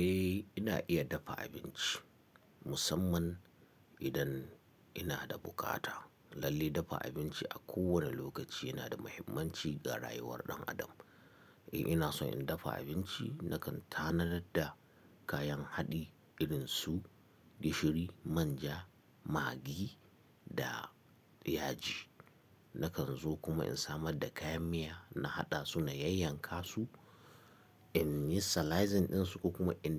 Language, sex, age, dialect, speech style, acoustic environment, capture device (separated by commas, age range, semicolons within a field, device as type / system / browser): Hausa, male, 35-44, Nigeria - Katsina, excited; focused; happy; relaxed, silence, mobile / Linux / Chrome